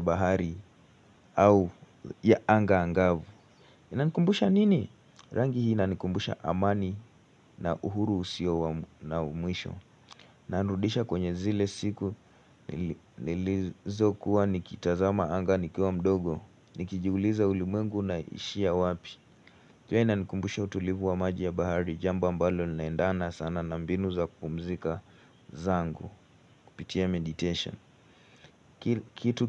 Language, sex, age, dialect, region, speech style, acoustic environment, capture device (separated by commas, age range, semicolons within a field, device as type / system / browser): Swahili, male, 18-24, Kenya - Nairobi Sheng-influenced, Kenya, relaxed; focused, silence, mobile / Linux / Chrome